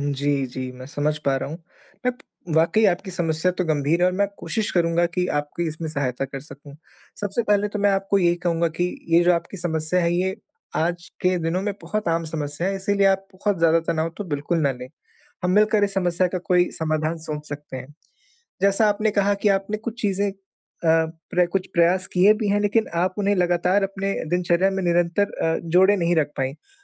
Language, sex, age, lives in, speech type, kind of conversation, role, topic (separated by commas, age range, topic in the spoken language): Hindi, male, 25-29, India, conversation, advice, advisor, आप काम और आत्म-देखभाल के बीच संतुलन कैसे बना सकते हैं?